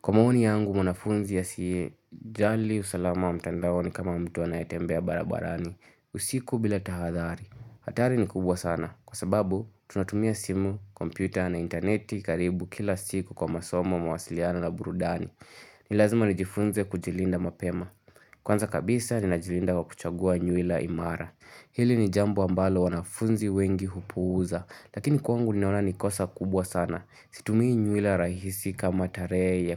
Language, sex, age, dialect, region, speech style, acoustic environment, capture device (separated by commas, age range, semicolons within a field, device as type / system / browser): Swahili, male, 18-24, Kenya - Nairobi Sheng-influenced, Kenya, happy, nature, mobile / Linux / Chrome